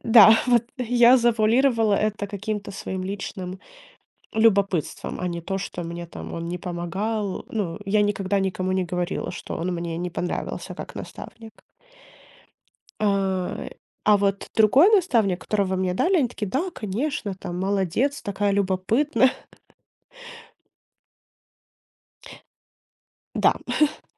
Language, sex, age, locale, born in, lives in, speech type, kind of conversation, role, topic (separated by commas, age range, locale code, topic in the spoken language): Russian, female, 25-29, ru-RU, Ukraine, United States, conversation, podcast, guest, Какие качества важны у хорошего наставника?